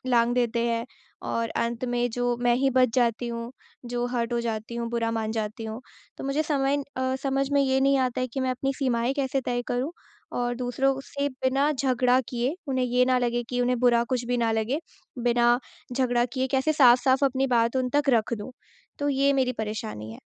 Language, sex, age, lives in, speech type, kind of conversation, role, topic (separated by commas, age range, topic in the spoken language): Hindi, female, 20-24, India, conversation, advice, user, मैं अपनी सीमाएँ कैसे तय करूँ और उन्हें दूसरों के सामने स्पष्ट रूप से कैसे लागू करूँ?